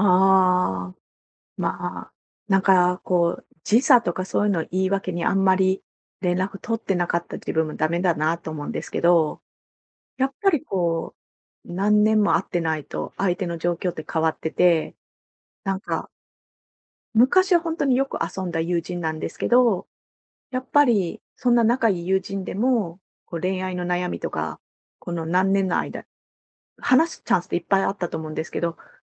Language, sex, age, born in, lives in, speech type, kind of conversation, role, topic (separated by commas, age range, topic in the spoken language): Japanese, female, 45-49, Japan, Japan, conversation, advice, user, 遠く離れた相手との関係を長く続けるには、どうすればよいですか？